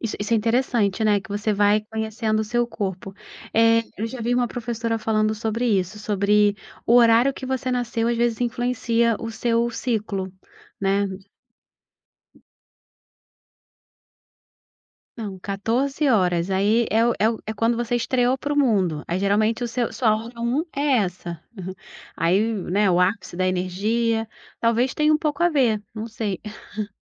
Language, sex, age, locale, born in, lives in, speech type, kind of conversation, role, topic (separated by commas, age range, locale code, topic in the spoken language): Portuguese, female, 35-39, pt-BR, Brazil, Portugal, conversation, podcast, host, Como é o seu ritual de café ou chá pela manhã?